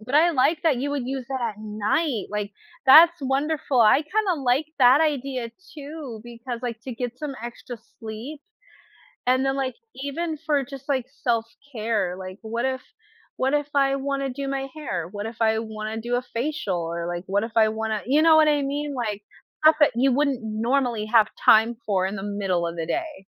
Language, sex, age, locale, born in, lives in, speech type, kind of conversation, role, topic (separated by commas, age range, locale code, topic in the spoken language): English, female, 30-34, en-US, United States, United States, conversation, unstructured, none, How might having extra time to yourself change your daily life and priorities?